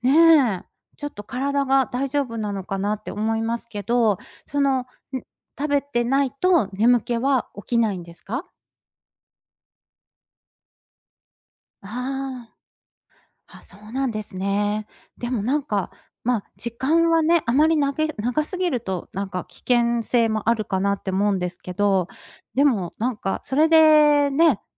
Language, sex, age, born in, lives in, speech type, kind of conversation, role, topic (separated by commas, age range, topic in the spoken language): Japanese, female, 50-54, Japan, Japan, conversation, advice, advisor, 日中の強い眠気で仕事や運転に不安がありますが、どうすればよいですか？